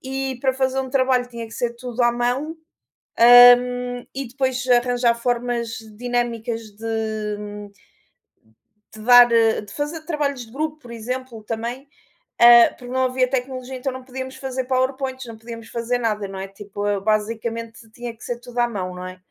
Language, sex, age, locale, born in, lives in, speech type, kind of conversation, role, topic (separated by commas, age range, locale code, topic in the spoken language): Portuguese, female, 35-39, pt-PT, Portugal, Portugal, conversation, unstructured, none, Você já ficou impressionado com alguma inovação recente?